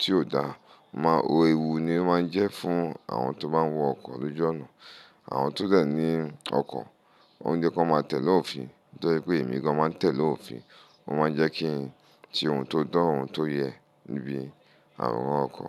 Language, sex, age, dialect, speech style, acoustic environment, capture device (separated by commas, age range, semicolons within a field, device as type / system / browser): Yoruba, male, 35-44, Nigeria - Lagos Yoruba, focused, silence, mobile / Linux / Chrome